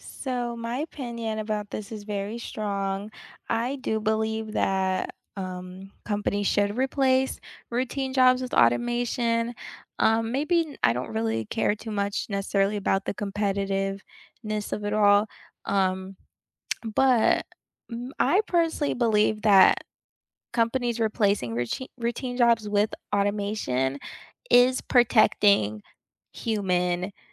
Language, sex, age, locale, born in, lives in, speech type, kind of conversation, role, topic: English, female, 25-29, en-US, United States, United States, conversation, unstructured, none, Should companies replace routine jobs with automation to stay competitive, or protect and invest in human employees?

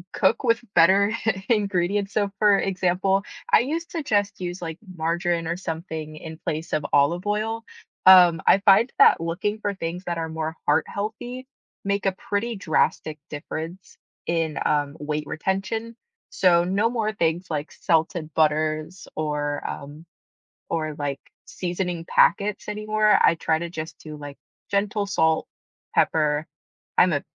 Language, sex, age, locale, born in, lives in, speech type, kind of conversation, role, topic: English, female, 25-29, en-US, United States, United States, conversation, unstructured, none, Which small daily rituals help you feel your best, and how can we encourage each other?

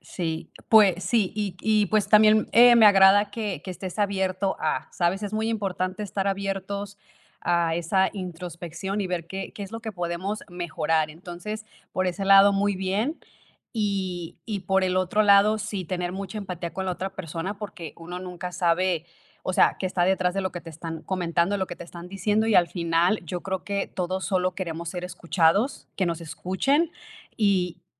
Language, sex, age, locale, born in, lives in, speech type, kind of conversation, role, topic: Spanish, female, 30-34, es-MX, Mexico, United States, conversation, advice, advisor, ¿Cómo puedo ofrecer apoyo emocional a mi pareja sin minimizar sus sentimientos?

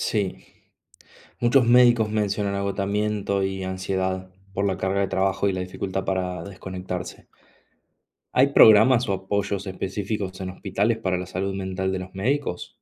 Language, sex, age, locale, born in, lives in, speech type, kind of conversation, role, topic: Spanish, male, 30-34, es-AR, Argentina, Italy, read, expert-assistant, asker, ¿Cómo cambia la vida y el bienestar de los médicos al especializarse?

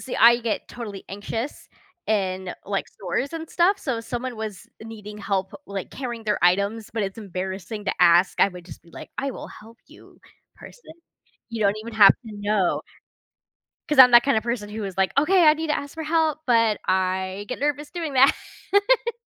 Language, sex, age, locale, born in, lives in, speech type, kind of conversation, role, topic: English, female, 30-34, en-US, United States, United States, conversation, unstructured, none, What would you do if you had the chance to be invisible for a day?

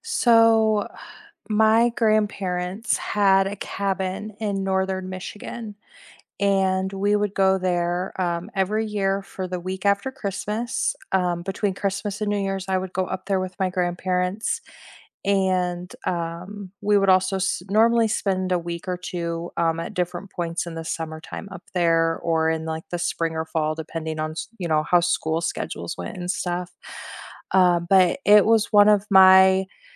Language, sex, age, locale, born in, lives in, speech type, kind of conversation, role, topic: English, female, 40-44, en-US, United States, United States, conversation, unstructured, none, Which childhood place do you miss most, and what vivid memory still brings it back for you?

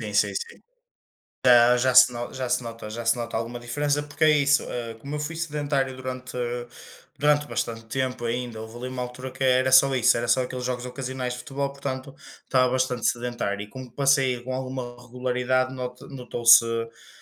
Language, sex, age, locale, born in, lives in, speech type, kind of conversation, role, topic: Portuguese, male, 25-29, pt-PT, Portugal, Portugal, conversation, unstructured, none, Você já mudou algo em você para se sentir melhor?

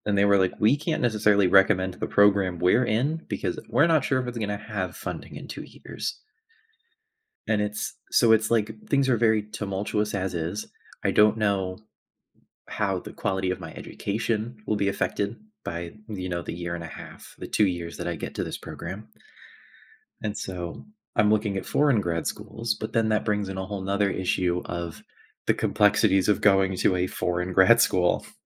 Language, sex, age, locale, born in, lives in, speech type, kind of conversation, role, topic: English, male, 20-24, en-US, United States, United States, conversation, advice, user, How can I make a big life decision when I'm feeling uncertain?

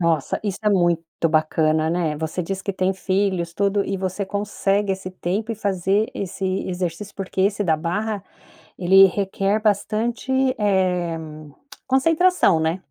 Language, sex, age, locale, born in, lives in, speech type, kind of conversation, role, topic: Portuguese, female, 55-59, pt-BR, Brazil, United States, conversation, podcast, host, Que exercícios você recomendaria para quem tem pouco tempo?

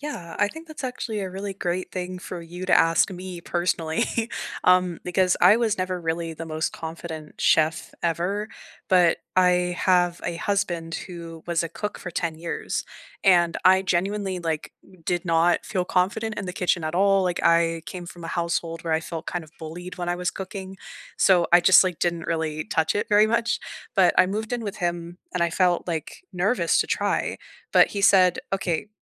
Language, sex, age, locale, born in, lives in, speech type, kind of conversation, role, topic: English, female, 25-29, en-US, United States, Canada, conversation, unstructured, none, What quick kitchen fixes have made cooking feel easier for you?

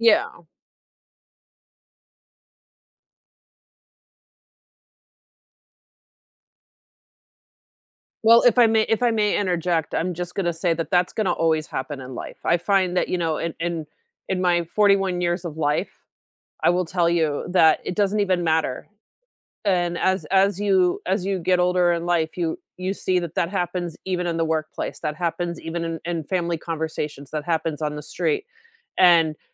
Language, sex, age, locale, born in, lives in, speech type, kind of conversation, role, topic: English, female, 40-44, en-US, United States, United States, conversation, unstructured, none, What is your go-to way to keep up with the news these days?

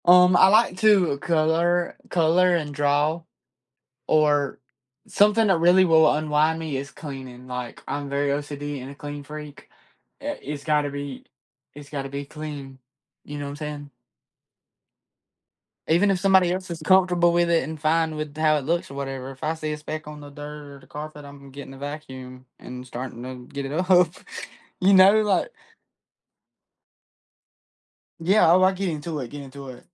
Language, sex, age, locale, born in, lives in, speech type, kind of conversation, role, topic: English, male, 20-24, en-US, United States, United States, conversation, unstructured, none, What is your go-to way to unwind after a busy day?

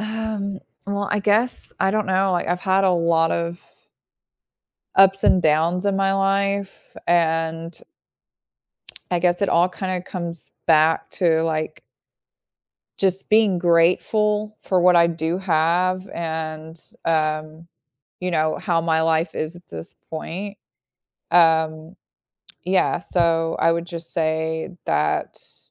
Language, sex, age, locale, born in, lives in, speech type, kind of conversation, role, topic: English, female, 35-39, en-US, United States, United States, conversation, podcast, guest, How can simple daily routines make a lasting difference in your happiness?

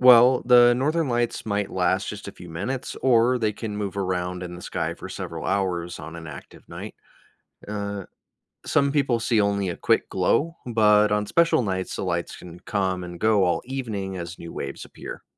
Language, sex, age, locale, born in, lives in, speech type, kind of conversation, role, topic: English, male, 30-34, en-US, United States, United States, read, expert-assistant, expert, What causes the Northern Lights to appear in the sky?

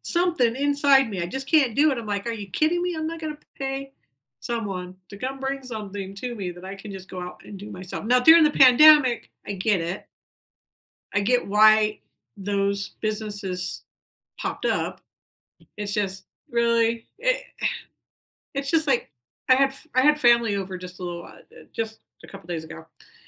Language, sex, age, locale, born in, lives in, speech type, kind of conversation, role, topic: English, female, 55-59, en-US, United States, United States, conversation, unstructured, none, What small daily ritual makes your day better?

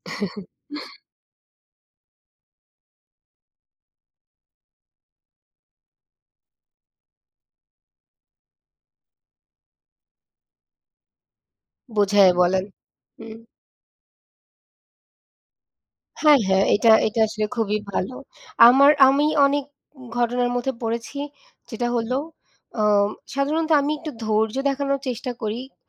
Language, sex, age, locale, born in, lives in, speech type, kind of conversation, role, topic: Bengali, female, 25-29, bn-BD, Bangladesh, Bangladesh, conversation, unstructured, none, সম্পর্কে ঝগড়া হলে আপনি কীভাবে শান্ত থাকতে পারেন?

